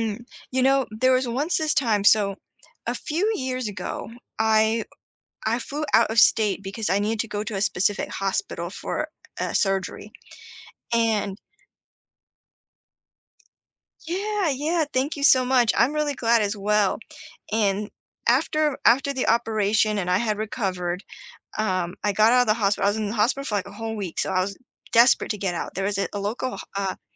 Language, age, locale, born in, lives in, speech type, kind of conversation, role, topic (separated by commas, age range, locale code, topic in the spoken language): English, 30-34, en-US, United States, United States, conversation, unstructured, none, What do you enjoy most about community festivals or fairs?